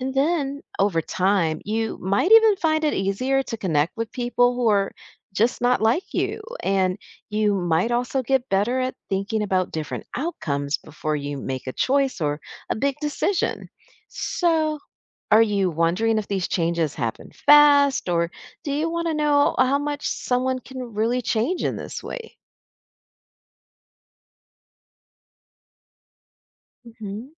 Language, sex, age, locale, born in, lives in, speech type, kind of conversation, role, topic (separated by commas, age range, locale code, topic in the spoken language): English, female, 50-54, en-US, United States, United States, read, expert-assistant, expert, How does reading fiction influence your imagination and empathy?